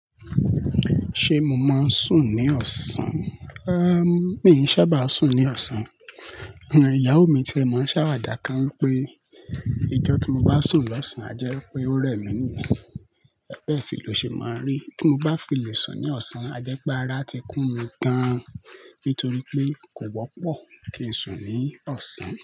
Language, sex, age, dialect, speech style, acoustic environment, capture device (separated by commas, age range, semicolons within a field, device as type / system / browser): Yoruba, male, 25-34, Nigeria - Lagos Yoruba, happy, people talking; appliances, mobile / Linux / Chrome